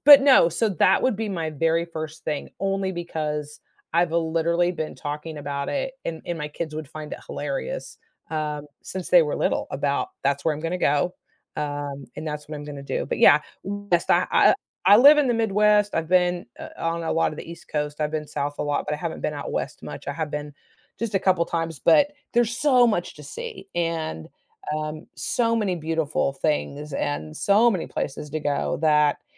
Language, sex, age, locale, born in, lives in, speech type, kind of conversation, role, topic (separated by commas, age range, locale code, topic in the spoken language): English, female, 50-54, en-US, United States, United States, conversation, podcast, guest, What is your biggest dream for the future?